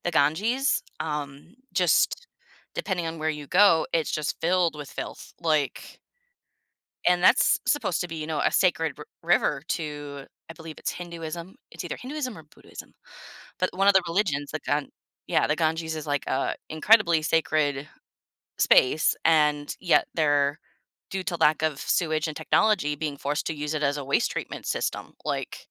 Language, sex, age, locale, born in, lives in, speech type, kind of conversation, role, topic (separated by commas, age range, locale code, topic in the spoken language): English, female, 30-34, en-US, United States, United States, conversation, podcast, guest, How can we work together to protect and restore the health of our oceans?